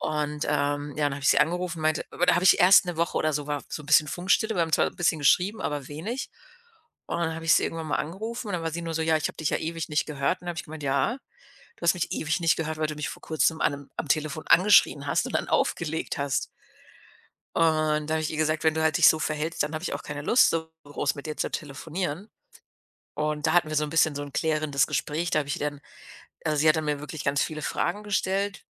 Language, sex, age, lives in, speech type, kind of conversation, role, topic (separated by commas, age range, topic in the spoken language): German, female, 30-34, Germany, conversation, podcast, guest, Wie setzt man Grenzen, wenn die Familie zu viel erwartet?